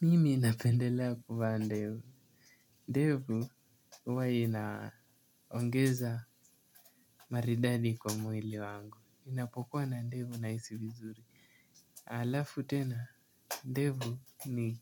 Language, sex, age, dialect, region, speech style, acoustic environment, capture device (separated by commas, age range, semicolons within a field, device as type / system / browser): Swahili, male, 18-24, Kenya - Mombasa, Kenya, happy; focused, nature; silence, mobile / Linux / Chrome